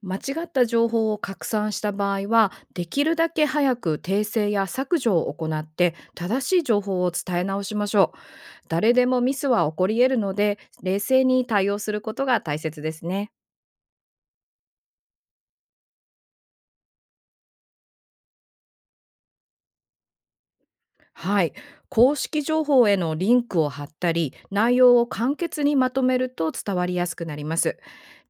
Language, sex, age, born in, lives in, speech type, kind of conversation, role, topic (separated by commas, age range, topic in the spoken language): Japanese, female, 45-49, Japan, United States, read, expert-assistant, expert, 災害情報をSNSで共有することにはどんなメリットとデメリットがありますか？